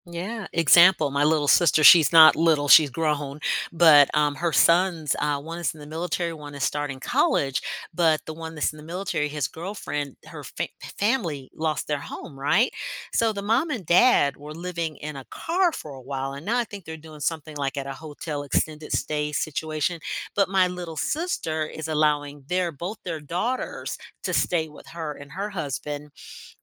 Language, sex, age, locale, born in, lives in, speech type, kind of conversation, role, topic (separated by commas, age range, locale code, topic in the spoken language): English, female, 55-59, en-US, United States, United States, conversation, unstructured, none, How do the people in your life shape your sense of family?